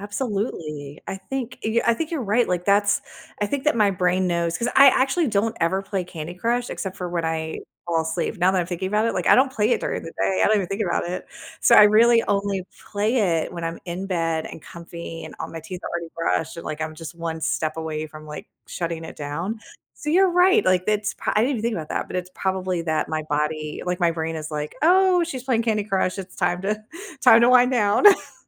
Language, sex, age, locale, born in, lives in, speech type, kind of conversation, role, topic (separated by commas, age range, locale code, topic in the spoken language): English, female, 45-49, en-US, United States, United States, conversation, podcast, guest, How can small changes in your daily routine lead to better sleep quality?